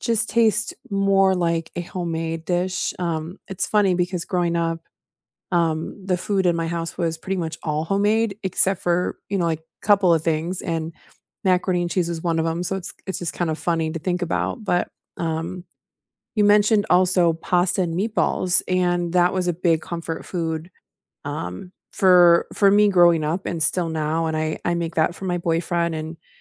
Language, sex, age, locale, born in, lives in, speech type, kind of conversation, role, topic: English, female, 35-39, en-US, United States, United States, conversation, unstructured, none, Which simple, nourishing comfort meals do you reach for, and what memories make them special?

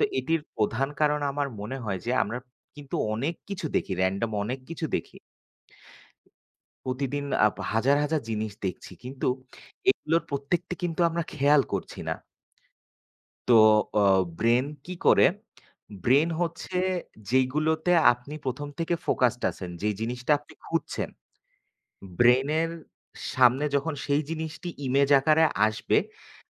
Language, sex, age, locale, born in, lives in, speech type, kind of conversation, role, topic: Bengali, male, 25-29, bn-BD, Bangladesh, Bangladesh, conversation, unstructured, none, আপনি যদি স্বপ্ন রেকর্ড করতে পারে এমন একটি যন্ত্র আবিষ্কার করতে পারতেন, তাহলে আপনি সেটি কীভাবে ব্যবহার করতেন?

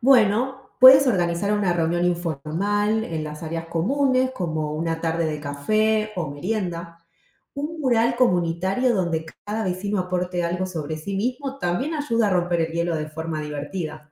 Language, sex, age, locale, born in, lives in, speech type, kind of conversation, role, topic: Spanish, female, 35-39, es-AR, Argentina, United States, read, expert-assistant, expert, ¿Cómo podemos hacer más fácil y divertida la convivencia entre vecinos?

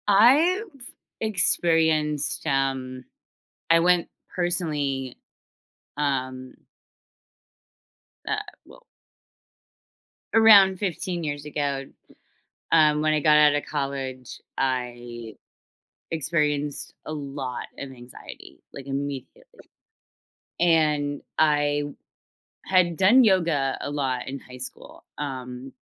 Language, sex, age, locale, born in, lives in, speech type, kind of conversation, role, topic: English, female, 30-34, en-US, United States, United States, conversation, unstructured, none, How can practicing mindfulness together strengthen relationships?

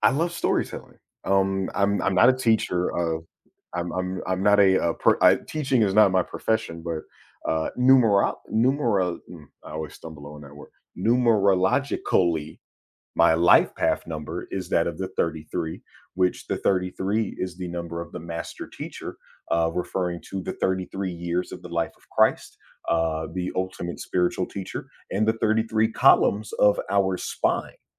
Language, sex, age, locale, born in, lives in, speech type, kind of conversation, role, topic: English, male, 30-34, en-US, United States, United States, conversation, podcast, guest, How do you unwind and recharge after a long day?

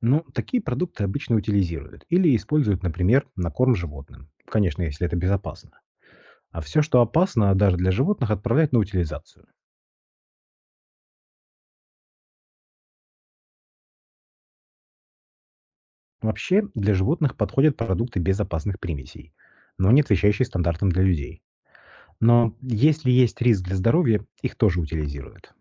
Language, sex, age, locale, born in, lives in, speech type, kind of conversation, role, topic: Russian, male, 35-39, ru-RU, Russia, Italy, read, expert-assistant, expert, С чего начать, если хочу разбираться в том, как появляется еда на нашем столе?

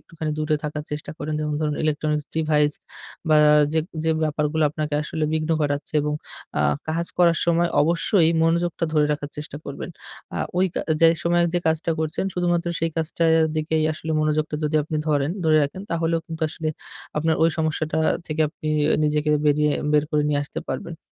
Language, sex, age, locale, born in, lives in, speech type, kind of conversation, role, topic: Bengali, male, 25-29, bn-BD, Bangladesh, Bangladesh, conversation, advice, advisor, বিঘ্নমুক্তভাবে ফোকাস বজায় রেখে নির্দিষ্ট কাজের জন্য সময় কীভাবে ভাগ করে নেব?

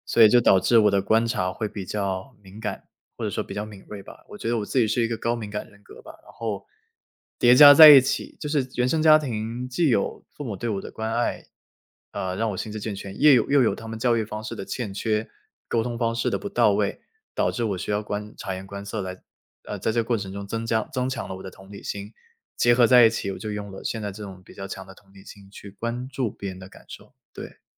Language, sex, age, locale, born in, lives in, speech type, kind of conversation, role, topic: Chinese, male, 25-29, zh-CN, China, United States, conversation, podcast, guest, 在争吵中你如何保持同理心？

